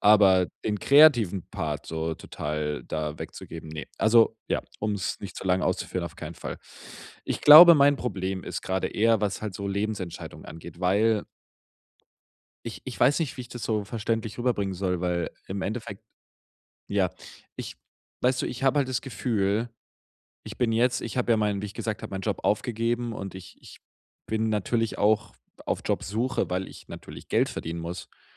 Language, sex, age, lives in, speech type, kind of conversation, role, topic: German, male, 25-29, Germany, conversation, advice, user, Wie kann ich Unsicherheit bei großen Lebensentscheidungen überwinden?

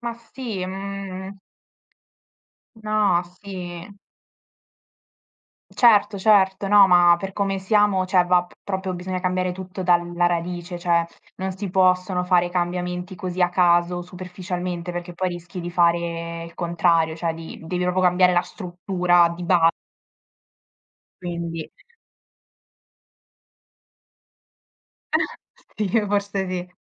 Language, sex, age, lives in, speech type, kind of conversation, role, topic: Italian, female, 18-19, Italy, conversation, unstructured, none, Pensi che sia giusto pagare tasse elevate?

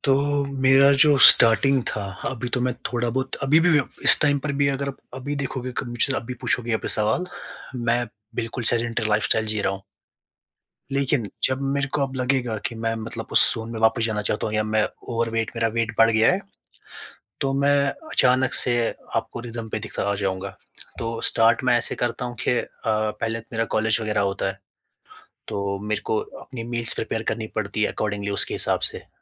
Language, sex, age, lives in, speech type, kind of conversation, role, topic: Hindi, male, 20-24, India, conversation, podcast, guest, Busy schedule mein aap healthy aadatein kaise fit karte hain?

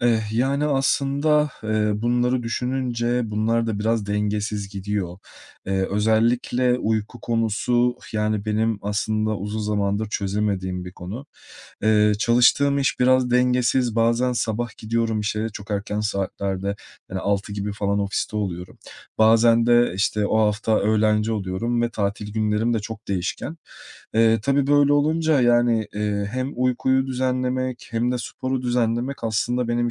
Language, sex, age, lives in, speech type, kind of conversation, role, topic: Turkish, male, 30-34, Portugal, conversation, advice, user, Egzersizi neden düzenli bir alışkanlık haline getiremiyorum?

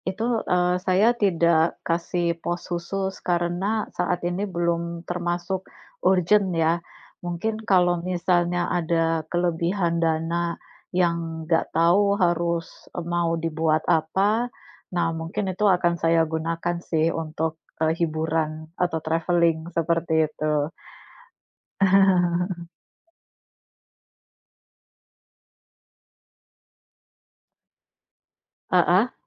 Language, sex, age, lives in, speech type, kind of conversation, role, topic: Indonesian, female, 40-44, Indonesia, conversation, unstructured, none, Bagaimana cara membuat anggaran bulanan yang realistis?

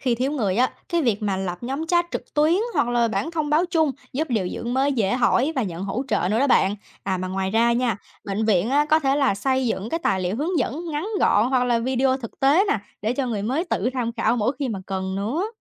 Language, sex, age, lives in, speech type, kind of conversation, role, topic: Vietnamese, female, 20-24, United States, read, expert-assistant, expert, Bạn nghĩ điều dưỡng nên đổi mới điều gì để phục vụ bệnh nhân tốt hơn?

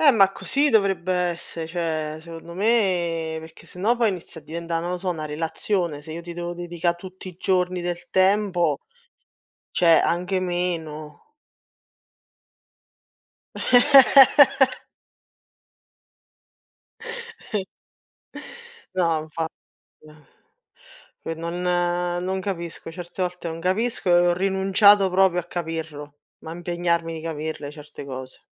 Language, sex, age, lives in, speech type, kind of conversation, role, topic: Italian, female, 30-34, Italy, conversation, unstructured, none, Che cosa ti insegna l’amicizia nella vita?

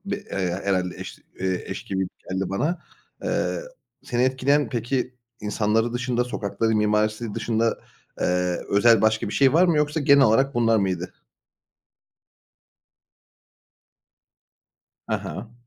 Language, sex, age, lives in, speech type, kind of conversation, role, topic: Turkish, male, 30-34, Bulgaria, conversation, podcast, host, Bir şehir seni hangi yönleriyle etkiler?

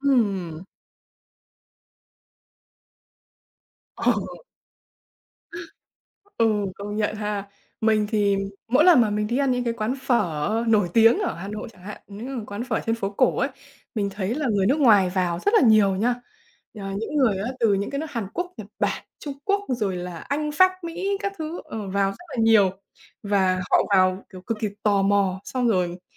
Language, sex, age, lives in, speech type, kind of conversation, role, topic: Vietnamese, female, 20-24, Vietnam, conversation, unstructured, none, Bạn cho rằng món ăn nào đại diện cho Việt Nam?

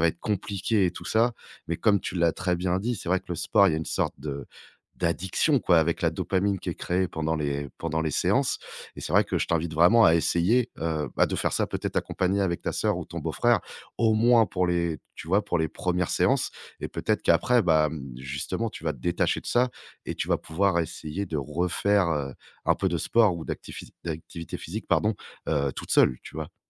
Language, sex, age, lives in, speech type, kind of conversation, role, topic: French, male, 35-39, France, conversation, advice, advisor, Pourquoi ai-je du mal à maintenir une activité physique régulière après le travail ?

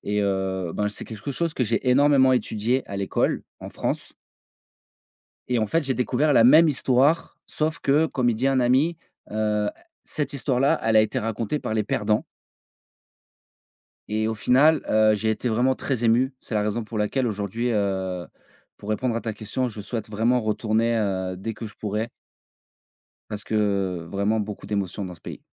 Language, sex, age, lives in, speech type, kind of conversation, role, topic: French, male, 35-39, France, conversation, podcast, guest, Comment gères-tu les disputes familiales au quotidien ?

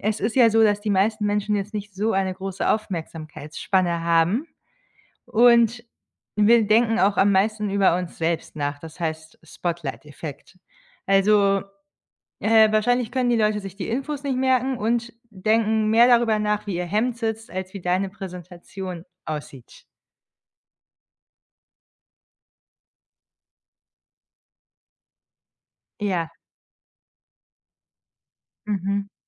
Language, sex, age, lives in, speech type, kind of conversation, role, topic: German, female, 30-34, Germany, conversation, advice, advisor, Wie hindert dich Perfektionismus daran, Fortschritte zu machen und Aufgaben abzuschließen?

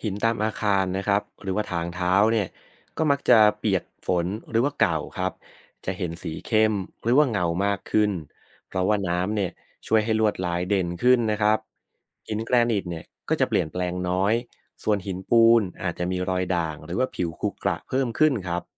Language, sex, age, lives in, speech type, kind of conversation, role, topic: Thai, male, 30-34, Thailand, read, expert-assistant, expert, ถ้าอยากเริ่มเรียนรู้เรื่องธรณีวิทยาแบบไม่เครียด มีวิธีไหนที่น่าสนุกบ้างไหม?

